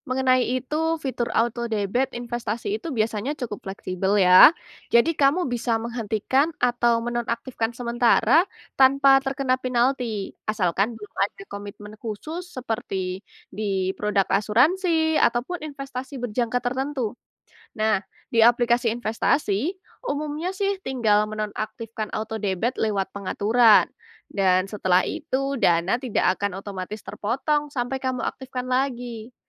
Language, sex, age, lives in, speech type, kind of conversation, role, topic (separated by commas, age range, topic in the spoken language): Indonesian, female, 20-24, Indonesia, read, expert-assistant, expert, Bagaimana Cara Memulai Investasi Rutin dengan Penghasilan yang Tidak Tetap?